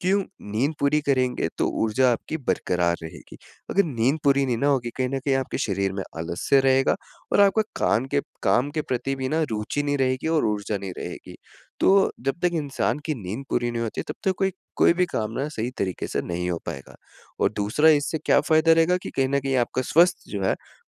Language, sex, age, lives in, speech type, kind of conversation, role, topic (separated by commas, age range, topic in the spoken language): Hindi, male, 20-24, India, conversation, advice, advisor, ऊर्जा कम होने पर मैं खुद को फिर से प्रेरित कैसे रख सकता हूँ?